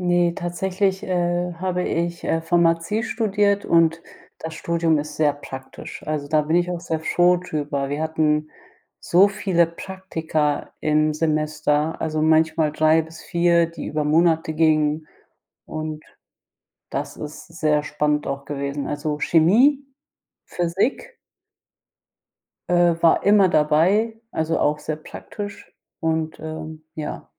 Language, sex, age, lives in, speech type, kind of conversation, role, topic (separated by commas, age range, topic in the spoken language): German, female, 30-34, Germany, conversation, unstructured, none, Sollte die Schule stärker auf praktische Fähigkeiten setzen?